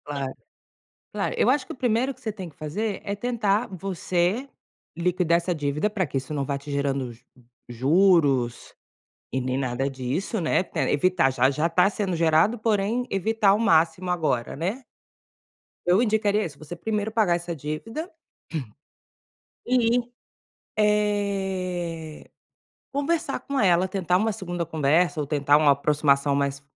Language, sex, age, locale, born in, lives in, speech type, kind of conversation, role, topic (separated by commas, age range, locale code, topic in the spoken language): Portuguese, female, 35-39, pt-BR, Brazil, Spain, conversation, advice, advisor, Como posso cobrar, de forma tranquila, um amigo a quem emprestei dinheiro e que não devolveu?